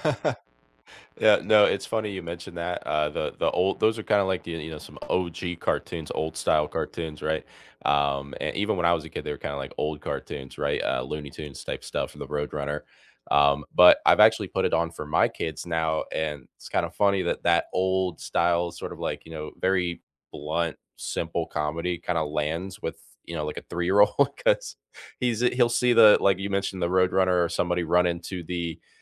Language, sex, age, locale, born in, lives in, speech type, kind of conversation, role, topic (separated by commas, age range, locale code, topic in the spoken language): English, male, 25-29, en-US, United States, United States, conversation, unstructured, none, Which nostalgic cartoons or sitcoms still make you laugh?